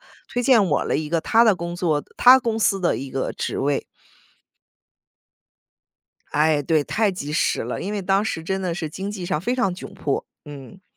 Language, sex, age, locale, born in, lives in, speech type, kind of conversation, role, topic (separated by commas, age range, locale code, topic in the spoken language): Chinese, female, 35-39, zh-CN, United States, United States, conversation, podcast, guest, 你有没有遇到过在关键时刻得到他人帮助的经历？